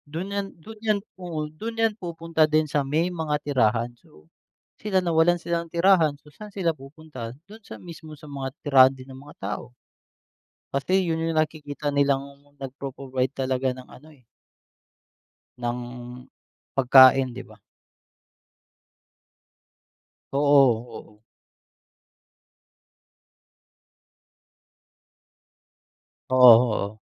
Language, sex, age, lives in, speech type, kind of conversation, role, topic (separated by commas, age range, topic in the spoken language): Filipino, male, 30-34, Philippines, conversation, unstructured, none, Ano ang nararamdaman mo kapag nakikita mong nasisira ang kagubatan?